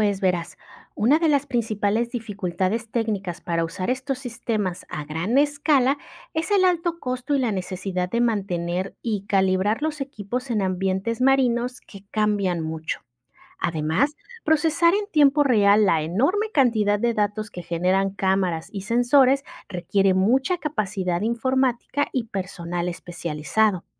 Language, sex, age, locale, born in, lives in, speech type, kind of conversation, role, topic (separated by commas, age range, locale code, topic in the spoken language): Spanish, female, 35-39, es-MX, Mexico, Mexico, read, expert-assistant, expert, ¿Cómo pueden las tecnologías de limpieza marina proteger a las especies más pequeñas?